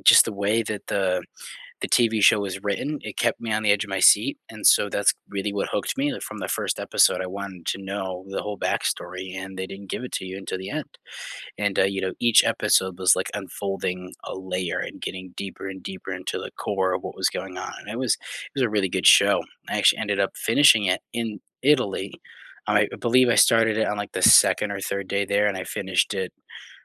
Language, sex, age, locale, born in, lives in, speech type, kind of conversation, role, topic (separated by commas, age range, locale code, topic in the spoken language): English, male, 18-19, en-US, United States, United States, conversation, unstructured, none, What TV shows do you binge-watch without feeling guilty, and what keeps you hooked?